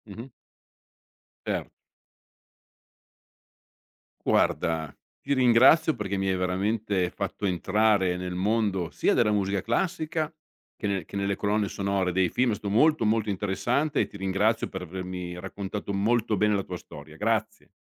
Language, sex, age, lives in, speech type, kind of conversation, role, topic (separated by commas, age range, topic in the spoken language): Italian, male, 55-59, Italy, conversation, podcast, host, Come è cambiato il tuo gusto musicale negli anni?